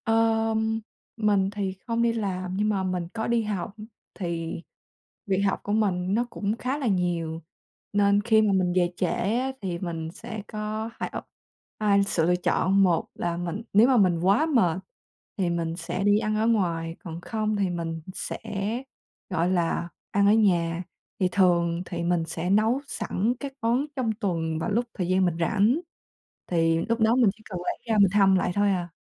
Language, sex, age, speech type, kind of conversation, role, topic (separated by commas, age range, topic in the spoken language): Vietnamese, female, 20-24, conversation, podcast, guest, Bạn thường chuẩn bị bữa tối nhanh như thế nào vào những ngày bận rộn?